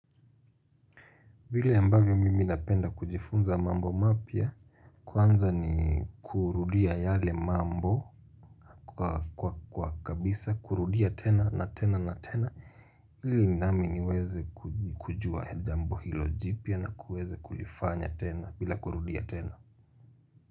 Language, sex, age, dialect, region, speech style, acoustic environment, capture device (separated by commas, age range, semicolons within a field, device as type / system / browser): Swahili, male, 25-34, Kenya - Mombasa, Kenya, focused, silence, mobile / Linux / Chrome